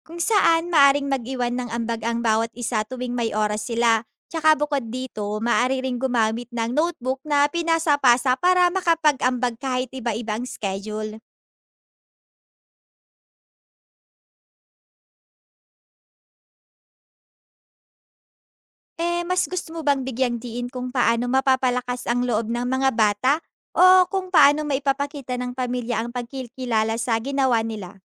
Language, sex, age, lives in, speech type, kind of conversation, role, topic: Filipino, female, 20-24, Philippines, read, expert-assistant, expert, Ano ang mga malikhaing paraan para maipasa ang mga tradisyong sining-bayan sa mga kabataan ngayon?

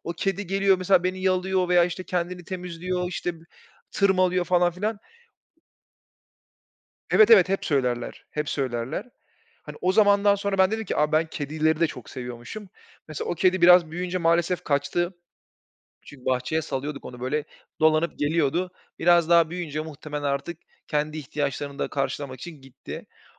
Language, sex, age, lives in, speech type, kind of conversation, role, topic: Turkish, male, 30-34, Bulgaria, conversation, unstructured, none, Bir hayvanın hayatını kurtarmak sizin için nasıl bir deneyim olurdu?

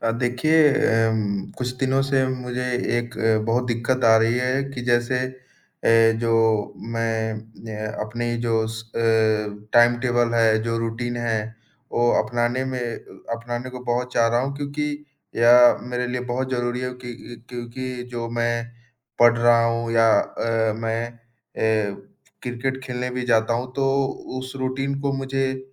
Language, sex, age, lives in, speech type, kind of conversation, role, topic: Hindi, male, 20-24, India, conversation, advice, user, मैं रोज़ समय पर उठकर नियमित दिनचर्या क्यों नहीं बना पाता/पाती हूँ?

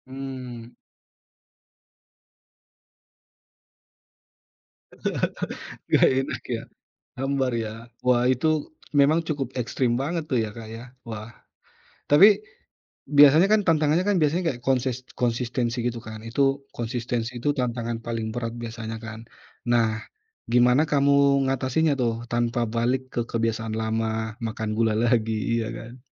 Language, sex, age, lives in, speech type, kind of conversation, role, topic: Indonesian, male, 35-39, Indonesia, conversation, podcast, host, Gimana cara sederhana mengurangi gula tanpa stres?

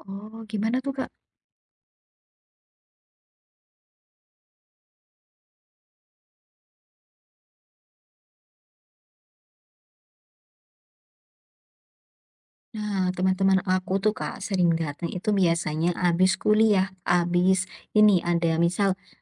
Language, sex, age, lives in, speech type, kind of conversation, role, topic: Indonesian, female, 20-24, Indonesia, conversation, advice, user, Bagaimana cara meminimalkan gangguan saat mengerjakan tugas secara beruntun?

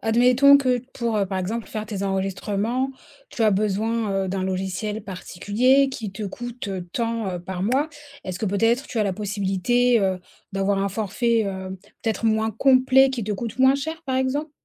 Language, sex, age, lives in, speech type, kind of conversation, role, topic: French, female, 30-34, France, conversation, advice, advisor, Comment puis-je augmenter mes prix sans perdre de clients ?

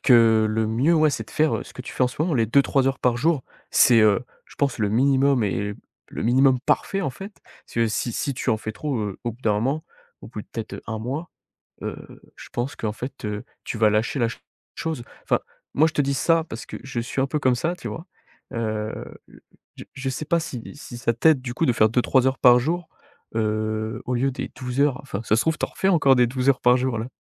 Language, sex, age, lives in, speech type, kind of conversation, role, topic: French, male, 18-19, France, conversation, advice, advisor, Comment gérer la peur d’échouer après avoir beaucoup investi personnellement dans un projet ?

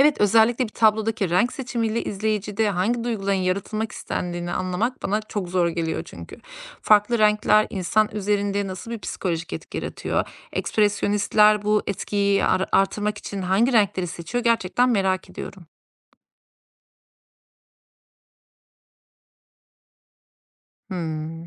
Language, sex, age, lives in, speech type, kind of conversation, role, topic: Turkish, female, 35-39, Austria, read, expert-assistant, asker, Bir müzeyi gezerken sanat tarihini daha iyi kavramak için nasıl bir yol izlenebilir?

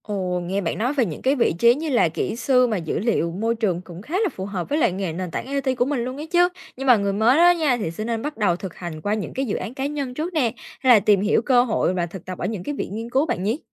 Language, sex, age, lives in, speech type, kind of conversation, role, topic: Vietnamese, female, 18-19, Vietnam, read, expert-assistant, asker, Khi đứng trước nhiều lựa chọn, làm sao biết mình phù hợp với hướng nghiên cứu sinh học biển?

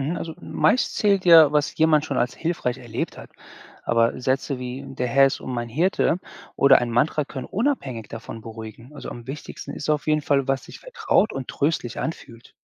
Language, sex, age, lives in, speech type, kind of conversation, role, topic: German, male, 40-44, United States, read, expert-assistant, expert, Ist es leichter, Trost in christlichen oder in buddhistischen Glaubenssätzen zu finden, wenn das Leben schwierig wird?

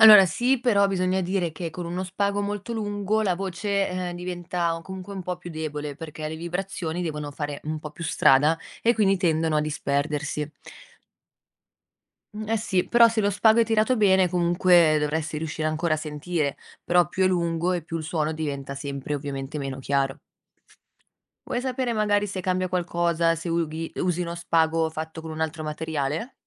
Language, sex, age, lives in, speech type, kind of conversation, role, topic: Italian, female, 25-29, Italy, read, expert-assistant, expert, Come si può costruire un telefono con due bicchieri e uno spago?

